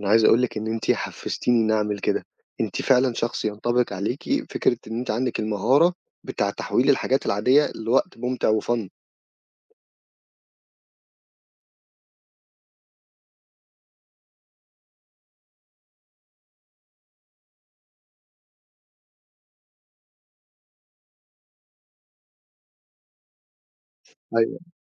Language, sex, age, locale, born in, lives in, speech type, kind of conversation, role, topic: Arabic, male, 25-29, ar-EG, Egypt, Egypt, conversation, podcast, host, إزاي تخلّي شغلة عادية تبقى وقت حلو ومليان فرح؟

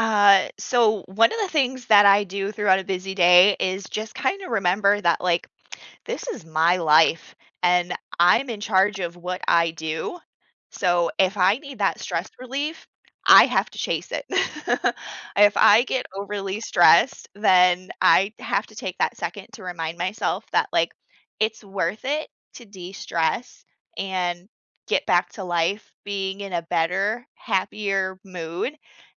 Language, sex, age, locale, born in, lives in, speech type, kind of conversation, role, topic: English, female, 30-34, en-US, United States, United States, conversation, podcast, guest, What are some effective ways to stay calm and focused when life gets hectic?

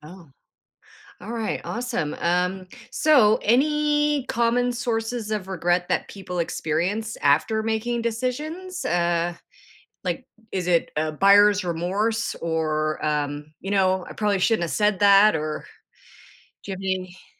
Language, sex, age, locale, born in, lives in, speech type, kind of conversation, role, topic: English, female, 50-54, en-CA, Canada, United States, conversation, podcast, host, How can we turn regret into a positive force for personal growth?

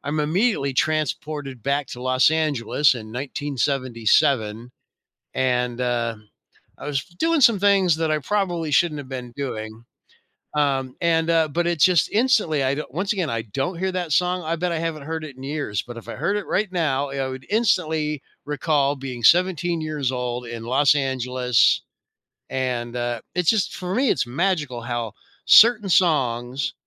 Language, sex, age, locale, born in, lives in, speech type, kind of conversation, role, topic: English, male, 60-64, en-US, United States, United States, conversation, podcast, host, Why do you think art can sometimes communicate feelings more powerfully than words?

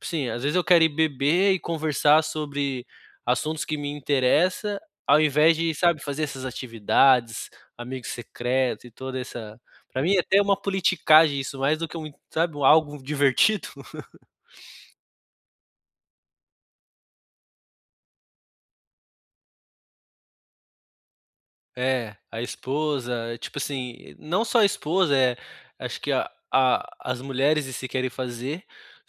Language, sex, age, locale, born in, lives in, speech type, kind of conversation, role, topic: Portuguese, male, 30-34, pt-BR, Brazil, Germany, conversation, advice, user, Como posso relaxar sem sentir que preciso ser produtivo?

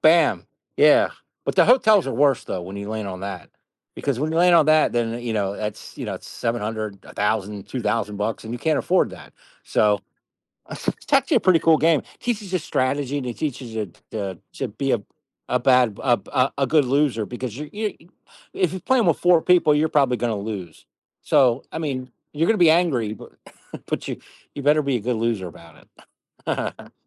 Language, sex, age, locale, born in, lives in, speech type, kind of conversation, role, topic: English, male, 50-54, en-US, United States, United States, conversation, podcast, guest, What is it about board games that brings people together and keeps them popular?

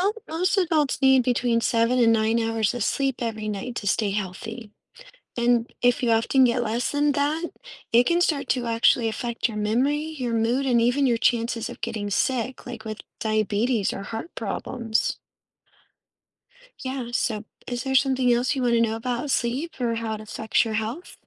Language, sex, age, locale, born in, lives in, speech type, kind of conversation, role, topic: English, female, 35-39, en-US, United States, United States, read, expert-assistant, expert, How does sleep affect our health and well-being?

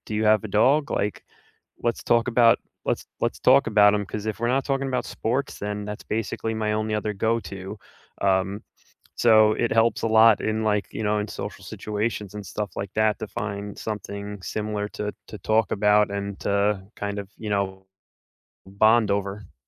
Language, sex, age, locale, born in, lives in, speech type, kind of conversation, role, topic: English, male, 35-39, en-US, United States, United States, conversation, unstructured, none, How do pets help people who are feeling lonely?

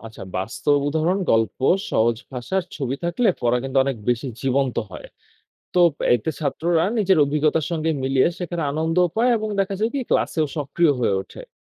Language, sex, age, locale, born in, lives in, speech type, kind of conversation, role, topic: Bengali, male, 20-24, bn-BD, Bangladesh, Bangladesh, read, expert-assistant, expert, একটা স্কুলের পড়াশোনার বিষয়গুলো কীভাবে এমনভাবে সাজানো যায় যাতে ছাত্রছাত্রীরা বাস্তব জীবনের জন্য ভালোভাবে প্রস্তুত হয়?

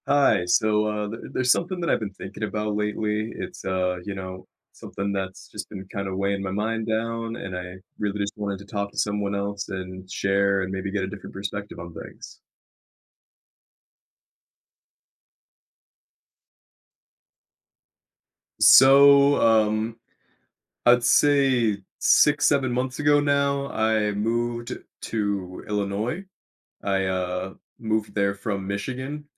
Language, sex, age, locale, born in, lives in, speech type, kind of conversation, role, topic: English, male, 25-29, en-US, United States, United States, conversation, advice, user, How can I make friends and feel less lonely after moving to a new city?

